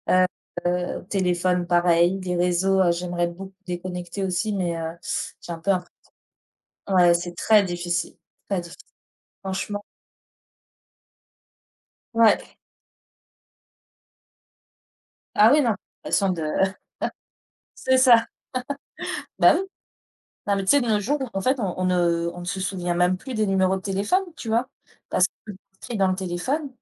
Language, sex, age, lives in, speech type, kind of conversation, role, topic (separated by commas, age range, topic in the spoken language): French, female, 35-39, France, conversation, unstructured, none, Comment la technologie facilite-t-elle ton quotidien ?